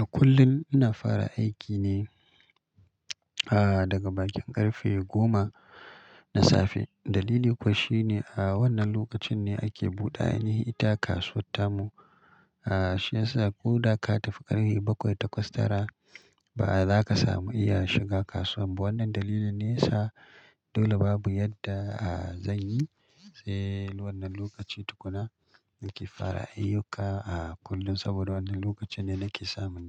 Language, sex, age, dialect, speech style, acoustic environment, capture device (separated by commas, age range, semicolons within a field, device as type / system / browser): Hausa, male, 35-44, Nigeria - Kano, happy; relaxed, silence, mobile / Linux / Chrome